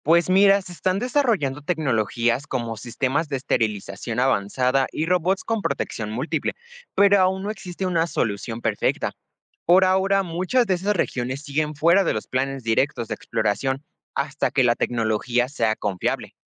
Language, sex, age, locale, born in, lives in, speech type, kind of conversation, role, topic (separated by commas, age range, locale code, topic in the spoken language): Spanish, male, 18-19, es-MX, Mexico, Mexico, read, expert-assistant, expert, Si solo se pudiera enviar una misión para buscar vida, ¿debería ir a Marte, Europa (luna de Júpiter) o Encélado (luna de Saturno)? ¿Por qué priorizarías ese destino?